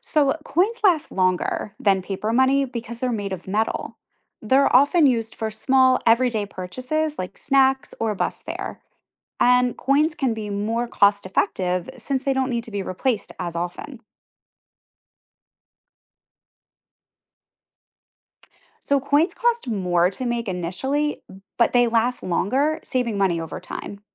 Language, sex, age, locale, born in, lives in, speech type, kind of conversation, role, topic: English, female, 40-44, en-US, United States, United States, read, expert-assistant, expert, Why do some countries use coins more than paper money?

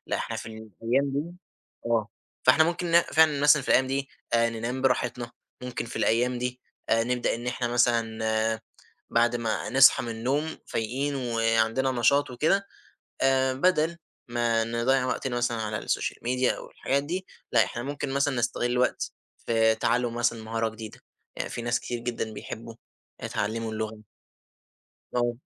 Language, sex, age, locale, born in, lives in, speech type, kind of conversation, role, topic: Arabic, male, 20-24, ar-EG, Egypt, Egypt, conversation, advice, advisor, إزاي روتينك اليومي بيخلي أفكارك واقفة ومكررة؟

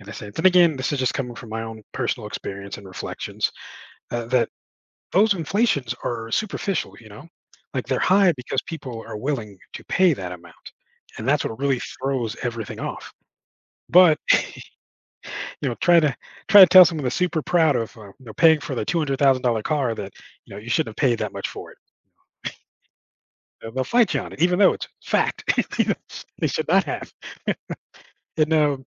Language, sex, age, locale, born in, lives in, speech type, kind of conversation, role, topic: English, male, 40-44, en-US, United States, United States, conversation, advice, user, How can I manage overwhelming work deadlines?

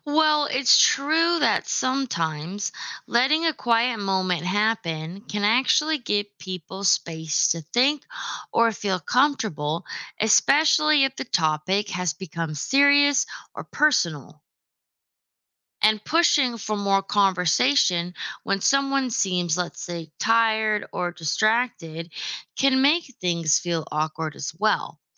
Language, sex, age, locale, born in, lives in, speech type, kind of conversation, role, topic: English, female, 25-29, en-US, United States, United States, read, expert-assistant, expert, Why is it important to be a good listener?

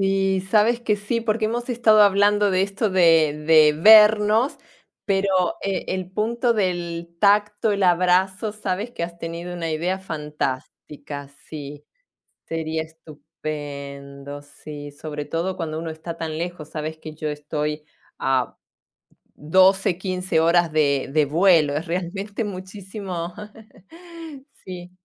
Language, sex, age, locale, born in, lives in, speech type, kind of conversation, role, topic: Spanish, female, 45-49, es-AR, Argentina, Italy, conversation, unstructured, none, ¿Qué tecnología nueva te ha hecho la vida más fácil últimamente?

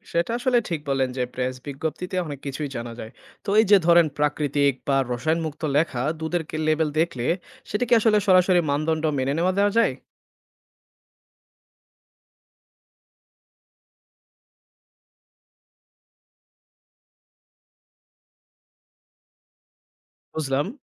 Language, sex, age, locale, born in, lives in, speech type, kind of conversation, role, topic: Bengali, male, 25-29, bn-BD, Bangladesh, Bangladesh, read, expert-assistant, asker, প্যাকেটজাত দুধ নিয়ে এত বিতর্ক—কেউ বলে নিরাপদ, কেউ বলে ক্ষতিকর। আসলে কোন তথ্যগুলো বিশ্বাসযোগ্য?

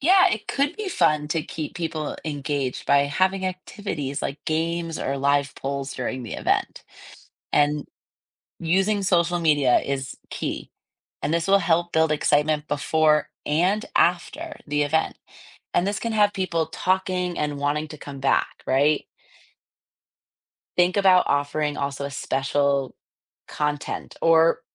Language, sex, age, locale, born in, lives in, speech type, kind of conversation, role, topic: English, female, 30-34, en-US, United States, United States, read, expert-assistant, expert, What are the key steps in organizing a community fundraising event?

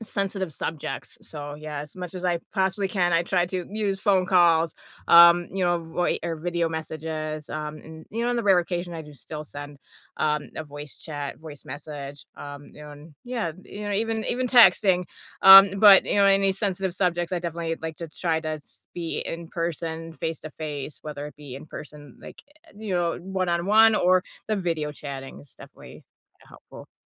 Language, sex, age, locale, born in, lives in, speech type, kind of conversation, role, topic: English, female, 35-39, en-US, United States, United States, conversation, unstructured, none, What routines, rituals, and check-ins help you keep long-distance relationships strong and connected over time?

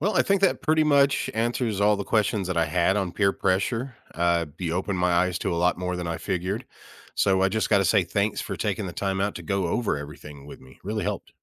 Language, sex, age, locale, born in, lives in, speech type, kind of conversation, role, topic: English, male, 35-39, en-US, United States, United States, read, expert-assistant, asker, How does peer pressure affect adolescent decision-making?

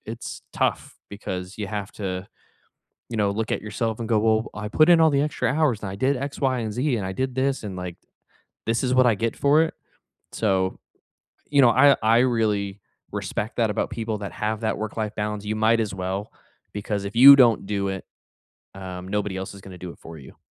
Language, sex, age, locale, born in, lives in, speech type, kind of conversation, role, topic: English, male, 30-34, en-US, United States, United States, conversation, unstructured, none, How do you balance rest, work, and play while staying connected?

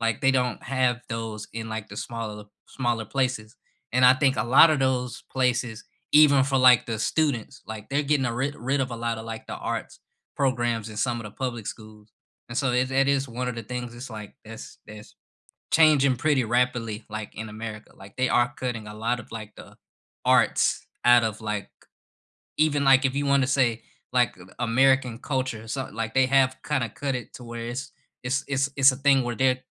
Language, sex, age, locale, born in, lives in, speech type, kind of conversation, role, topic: English, male, 25-29, en-US, United States, United States, conversation, unstructured, none, Which extracurricular activities shaped you more than any class?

